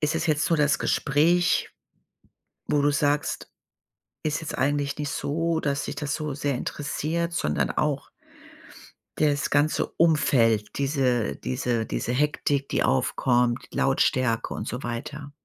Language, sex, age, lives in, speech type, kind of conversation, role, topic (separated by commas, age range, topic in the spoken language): German, female, 55-59, Germany, conversation, advice, advisor, Warum fühle ich mich auf Partys und anderen sozialen Veranstaltungen oft unwohl und überfordert?